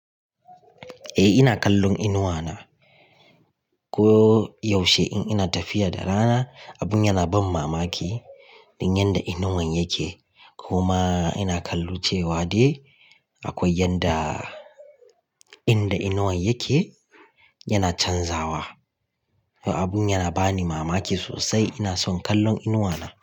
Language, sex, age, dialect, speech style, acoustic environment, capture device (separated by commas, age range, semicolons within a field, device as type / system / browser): Hausa, male, 25-34, Nigeria - Kano, relaxed, nature, mobile / Linux / Chrome